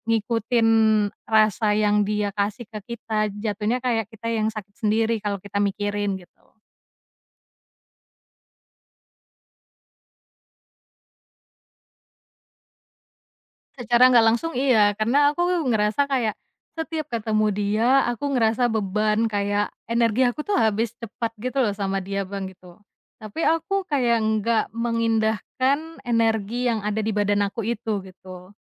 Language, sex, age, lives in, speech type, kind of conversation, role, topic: Indonesian, female, 25-29, Indonesia, conversation, podcast, guest, Apa kebiasaan mendengarkan yang baik menurutmu?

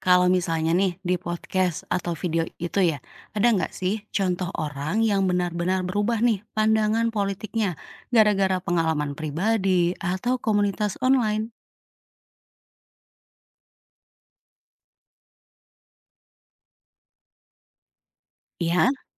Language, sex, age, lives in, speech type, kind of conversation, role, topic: Indonesian, female, 35-39, Indonesia, read, expert-assistant, asker, Mengapa Orang Indonesia Memilih Pandangan Politik Tertentu?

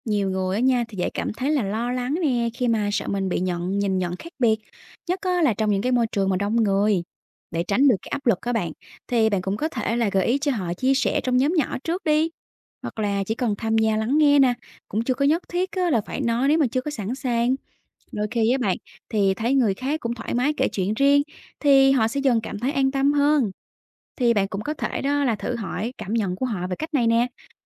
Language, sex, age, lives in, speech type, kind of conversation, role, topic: Vietnamese, female, 30-34, Vietnam, read, expert-assistant, expert, Làm sao để tổ chức hoạt động gắn kết cộng đồng mà vẫn tôn trọng sự đa dạng văn hóa?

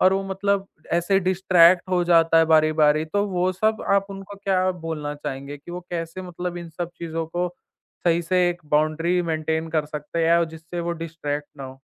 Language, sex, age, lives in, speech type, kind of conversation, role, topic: Hindi, male, 20-24, India, conversation, podcast, host, Healthy boundaries set karna kyun zaroori hai, aur hum unhe kaise set kar sakte hain?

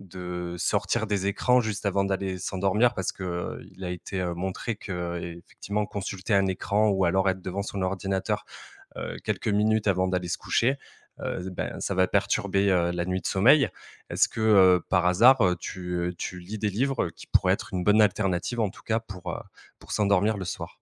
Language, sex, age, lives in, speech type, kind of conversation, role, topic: French, male, 30-34, France, conversation, advice, advisor, Comment votre dépendance au téléphone pour vous endormir influence-t-elle vos réveils nocturnes ?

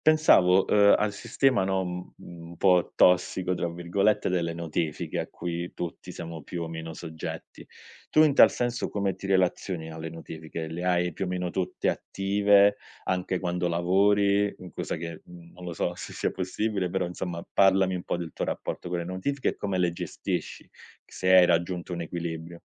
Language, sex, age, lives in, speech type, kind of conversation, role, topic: Italian, male, 30-34, Italy, conversation, podcast, host, Come gestisci il confine tra lavoro e vita privata nell’era della tecnologia?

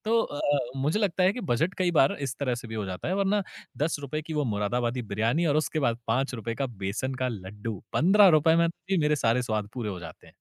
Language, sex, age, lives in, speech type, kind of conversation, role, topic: Hindi, male, 30-34, India, conversation, podcast, guest, कम बजट में भी खाने का स्वाद बरकरार कैसे रखते हैं?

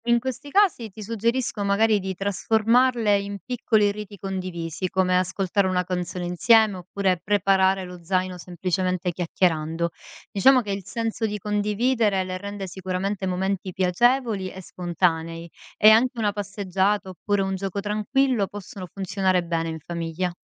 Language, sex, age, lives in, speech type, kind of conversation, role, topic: Italian, female, 35-39, Italy, read, expert-assistant, expert, Quali strategie semplici ma efficaci posso adottare ogni giorno per gestire lo stress e migliorare il mio benessere mentale?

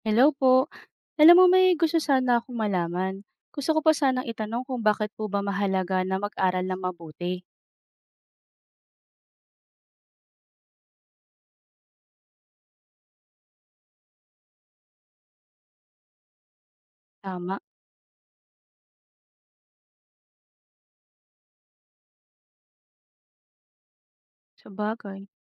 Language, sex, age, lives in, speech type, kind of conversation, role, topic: Filipino, female, 35-39, Philippines, read, expert-assistant, asker, Ano ang mga dahilan kung bakit dapat mag-aral ng mabuti?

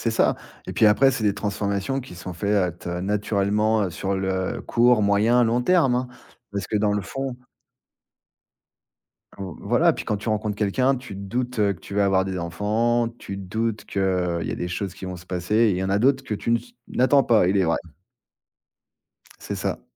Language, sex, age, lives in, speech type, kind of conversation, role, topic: French, male, 40-44, France, conversation, podcast, guest, Quelle rencontre a transformé ta façon de voir la vie ?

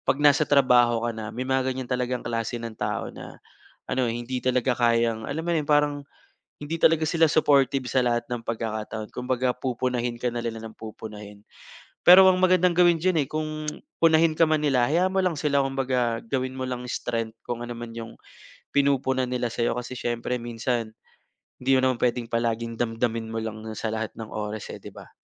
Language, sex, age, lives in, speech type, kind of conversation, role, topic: Filipino, male, 25-29, Philippines, conversation, advice, advisor, Paano ko makokontrol ang nerbiyos at galaw ng katawan kapag magsasalita sa harap ng grupo?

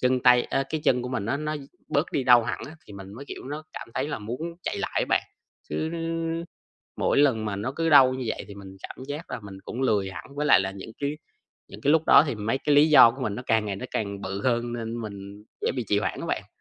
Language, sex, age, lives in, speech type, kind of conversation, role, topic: Vietnamese, male, 20-24, Vietnam, conversation, advice, user, Làm sao để lấy lại động lực và duy trì việc luyện tập hằng tuần?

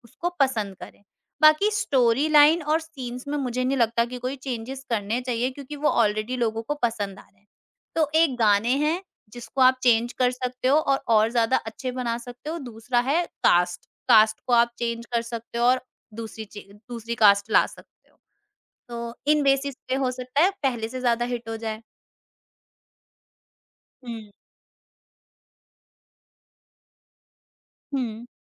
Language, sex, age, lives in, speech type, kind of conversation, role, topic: Hindi, female, 50-54, India, conversation, podcast, guest, Remakes aur reboots ka craze tumhe pasand hai ya nahi?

